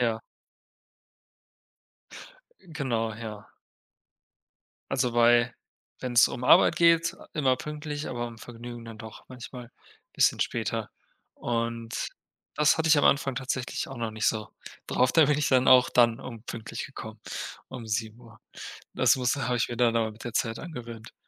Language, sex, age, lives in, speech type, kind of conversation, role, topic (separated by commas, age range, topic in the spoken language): German, male, 25-29, Germany, conversation, unstructured, none, Was hältst du von Menschen, die ständig zu spät kommen?